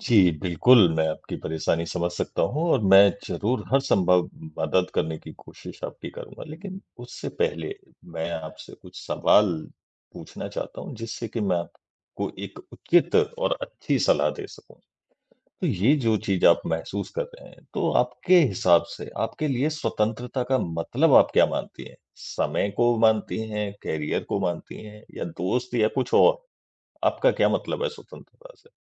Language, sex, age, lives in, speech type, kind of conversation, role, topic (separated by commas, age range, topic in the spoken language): Hindi, male, 35-39, India, conversation, advice, advisor, स्वतंत्रता और प्रतिबद्धता के बीच संतुलन